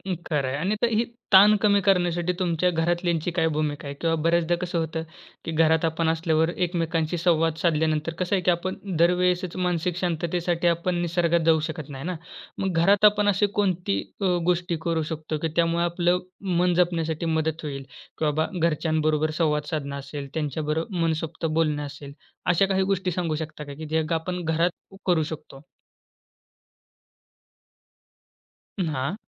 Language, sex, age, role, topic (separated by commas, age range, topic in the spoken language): Marathi, male, 20-24, host, शरीर आणि मन दोन्ही जपण्यासाठी कोणते सोपे नियम पाळायला हवेत?